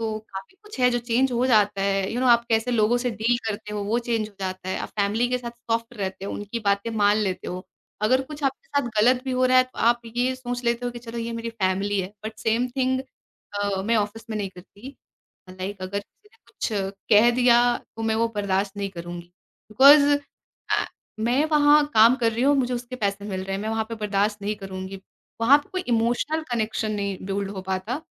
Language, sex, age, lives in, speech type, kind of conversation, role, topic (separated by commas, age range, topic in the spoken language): Hindi, female, 20-24, India, conversation, podcast, guest, Tumhare liye self-expression ka asli maksad kya hai?